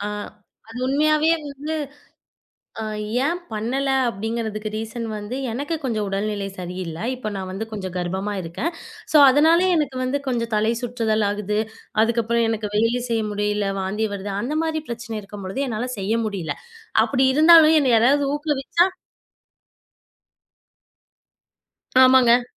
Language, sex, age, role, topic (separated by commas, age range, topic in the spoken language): Tamil, female, 25-29, guest, பொதுவாக ஊக்கமில்லாத நாள்களை நீங்கள் எப்படிக் கடக்கிறீர்கள்?